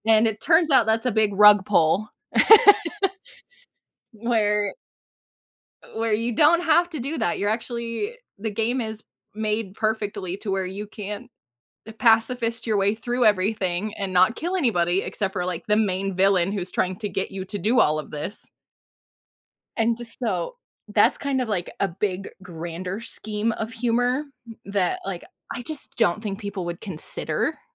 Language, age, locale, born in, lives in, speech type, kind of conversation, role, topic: English, 30-34, en-US, United States, United States, conversation, unstructured, none, How does humor affect our enjoyment of entertainment and storytelling?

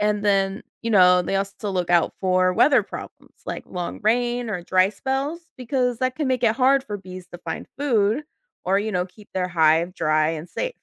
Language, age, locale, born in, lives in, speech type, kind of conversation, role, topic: English, 30-34, en-US, United States, United States, read, expert-assistant, expert, How do bees make honey from flowers?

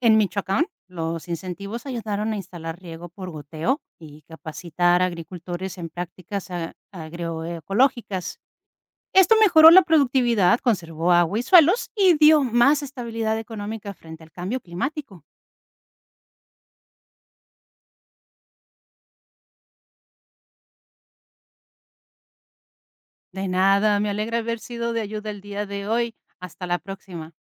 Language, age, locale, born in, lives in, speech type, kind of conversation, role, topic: Spanish, 50-54, es-MX, Mexico, Portugal, read, expert-assistant, expert, ¿Cómo afecta el cambio climático a la producción de jitomate y aguacate?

